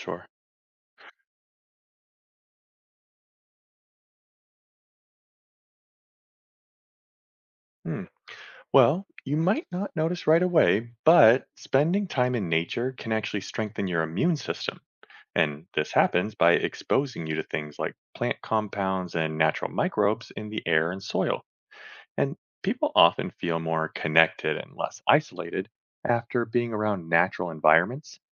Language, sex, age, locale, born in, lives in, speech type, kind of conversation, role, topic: English, male, 35-39, en-US, United States, United States, read, expert-assistant, expert, Why is it important to spend time in nature?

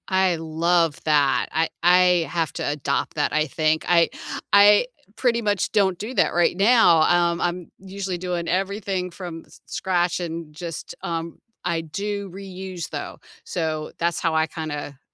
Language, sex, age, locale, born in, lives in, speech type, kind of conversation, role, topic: English, female, 55-59, en-US, United States, United States, conversation, unstructured, none, What small rituals and finishing touches make your home-cooked meals feel special?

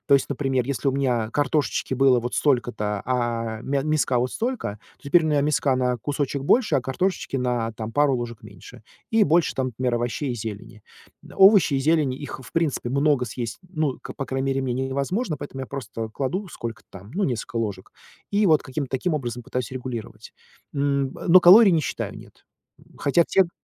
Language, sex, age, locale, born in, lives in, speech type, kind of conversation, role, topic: Russian, male, 40-44, ru-RU, Russia, United States, conversation, advice, user, Как мне вернуться к полезной привычке после срыва?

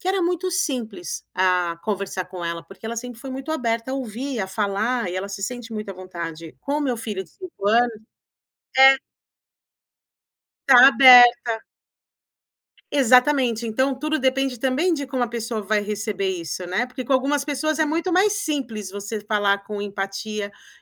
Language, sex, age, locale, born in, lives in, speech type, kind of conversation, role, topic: Portuguese, female, 40-44, pt-BR, Brazil, United States, conversation, podcast, guest, Como equilibrar empatia e firmeza em uma conversa difícil?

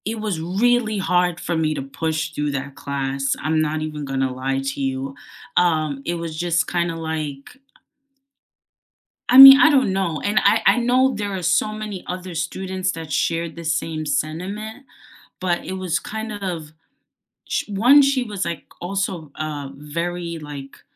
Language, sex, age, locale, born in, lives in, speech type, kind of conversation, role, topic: English, female, 25-29, en-US, United States, United States, conversation, unstructured, none, How has your favorite school subject changed over time, and what experiences influenced that change?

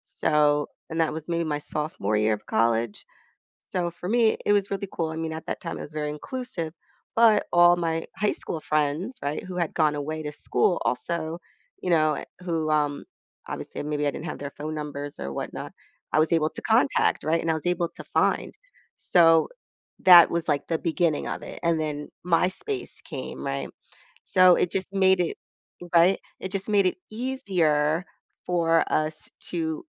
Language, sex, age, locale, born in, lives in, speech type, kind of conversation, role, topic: English, female, 40-44, en-US, United States, United States, conversation, podcast, guest, In what ways has social media influenced how we connect and interact with others?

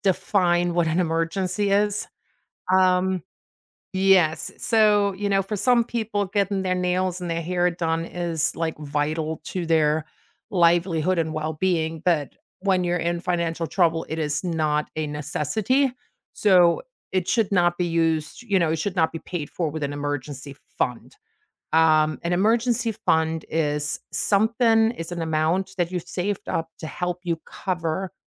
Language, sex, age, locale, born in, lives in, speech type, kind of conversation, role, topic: English, female, 45-49, en-DE, Germany, United States, conversation, podcast, guest, How can building an emergency fund provide financial security and peace of mind?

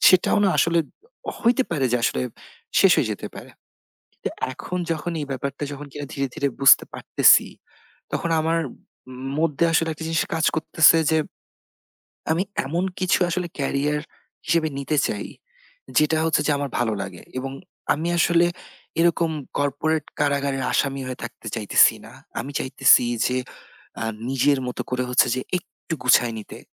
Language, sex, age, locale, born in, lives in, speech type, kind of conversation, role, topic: Bengali, male, 30-34, bn-BD, Bangladesh, Bangladesh, conversation, advice, user, বড় কোনো জীবন-পরিবর্তন নিয়ে আপনার সিদ্ধান্তহীনতা ও অনিশ্চয়তা কেন হচ্ছে?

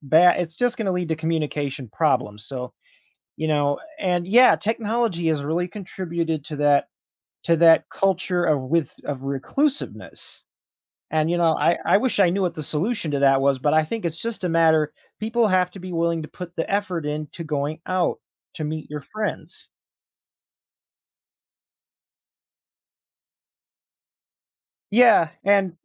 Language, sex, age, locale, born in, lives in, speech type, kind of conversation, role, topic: English, male, 30-34, en-US, United States, United States, conversation, podcast, guest, What makes a strong friendship?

